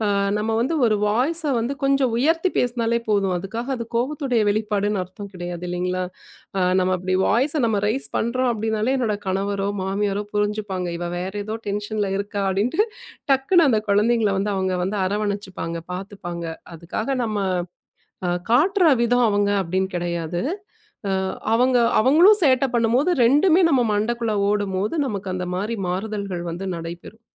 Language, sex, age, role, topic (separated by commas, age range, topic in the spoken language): Tamil, female, 35-39, guest, வீட்டில் தனிமையாக ஓய்வெடுக்க ஒரு தனி இடத்தை அமைக்க வேண்டிய முக்கியமான விஷயங்கள் என்ன?